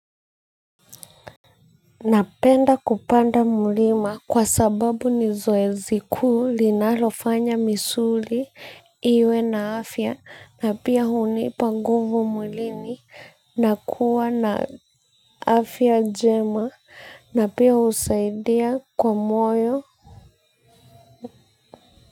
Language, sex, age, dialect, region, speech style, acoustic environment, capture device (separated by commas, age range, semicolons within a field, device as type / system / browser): Swahili, female, 25-34, Kenya - Nairobi Sheng-influenced, Kenya, focused, silence, mobile / Linux / Chrome